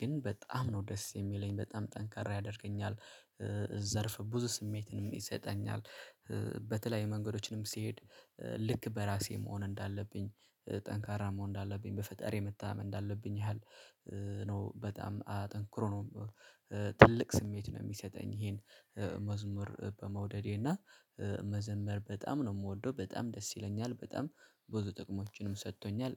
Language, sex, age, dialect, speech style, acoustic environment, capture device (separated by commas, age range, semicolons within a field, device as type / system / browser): Amharic, male, 25-34, Ethiopia - Addis Ababa, relaxed; focused, silence; nature, mobile / Linux / Chrome